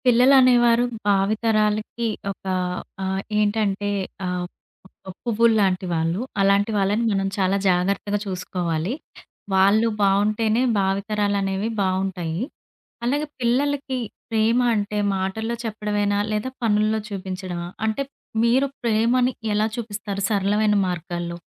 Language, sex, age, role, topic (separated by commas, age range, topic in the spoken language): Telugu, female, 30-34, host, పిల్లలకు ప్రేమ చూపించే సరళమైన మార్గాలు